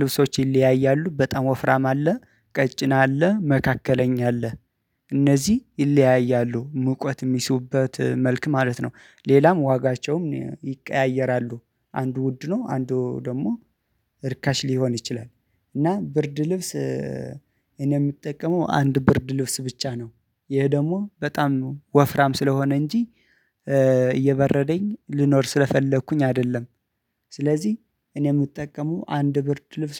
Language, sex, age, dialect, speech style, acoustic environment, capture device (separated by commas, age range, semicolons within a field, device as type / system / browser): Amharic, male, 25-34, Ethiopia - Addis Ababa, focused; excited, silence, mobile / Linux / Chrome